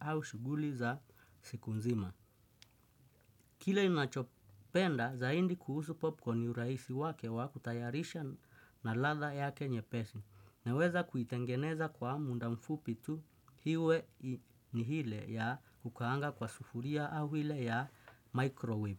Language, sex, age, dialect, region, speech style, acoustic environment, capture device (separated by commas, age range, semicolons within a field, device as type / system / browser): Swahili, male, 25-34, Kenya - Nairobi Sheng-influenced, Kenya, happy; relaxed; focused, nature, desktop / Windows / Chrome